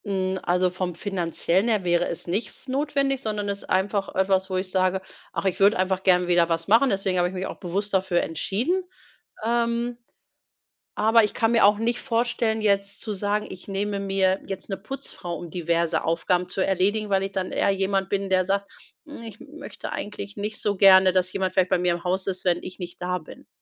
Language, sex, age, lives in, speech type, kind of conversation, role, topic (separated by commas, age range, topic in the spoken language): German, female, 45-49, Germany, conversation, advice, user, Wie finde ich trotz Arbeit, Schule und täglicher Pflichten eine gute Balance zwischen Selbstfürsorge und Alltagsverpflichtungen?